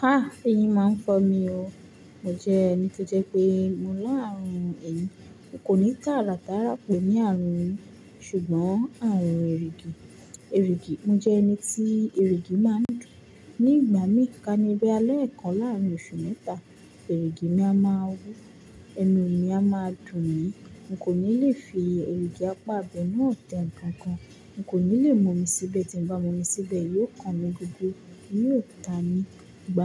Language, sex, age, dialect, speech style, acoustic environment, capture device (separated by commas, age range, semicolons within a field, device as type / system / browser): Yoruba, female, 18-24, Nigeria - Lagos Yoruba, relaxed; focused, silence, mobile / Linux / Chrome